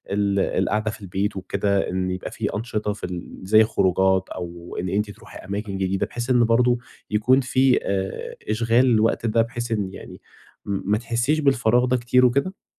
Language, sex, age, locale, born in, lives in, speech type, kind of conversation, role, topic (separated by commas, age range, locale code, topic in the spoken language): Arabic, male, 20-24, ar-EG, Egypt, Egypt, conversation, advice, advisor, إزاي أقدر أتأقلم مع التغيير وفقدان الحاجات المألوفة في حياتي؟